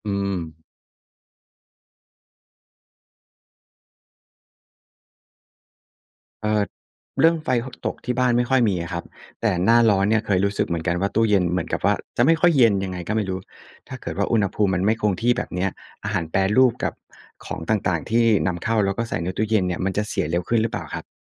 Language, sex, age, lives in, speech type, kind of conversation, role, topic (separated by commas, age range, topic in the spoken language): Thai, male, 35-39, Thailand, read, expert-assistant, asker, ถ้ามีอาหารสด อาหารแปรรูป และอาหารนำเข้าในตู้เย็น ควรให้ความสำคัญกับการเช็คความปลอดภัยของอาหารประเภทไหนก่อน?